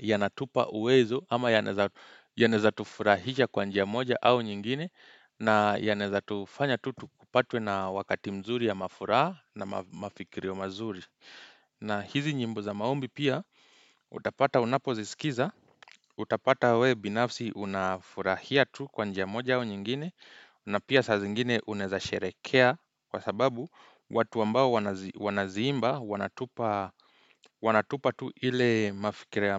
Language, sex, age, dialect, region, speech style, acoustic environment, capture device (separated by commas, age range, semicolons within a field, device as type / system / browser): Swahili, male, 25-34, Kenya - Nairobi Sheng-influenced, Kenya, happy, silence, desktop / Windows / Chrome